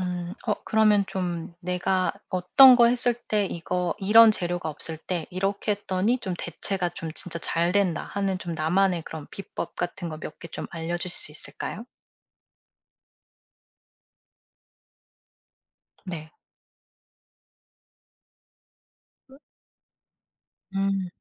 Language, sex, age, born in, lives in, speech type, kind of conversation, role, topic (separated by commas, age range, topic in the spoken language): Korean, female, 40-44, South Korea, United States, conversation, podcast, host, 재료를 대체하면서도 맛을 살릴 수 있는 팁이 있나요?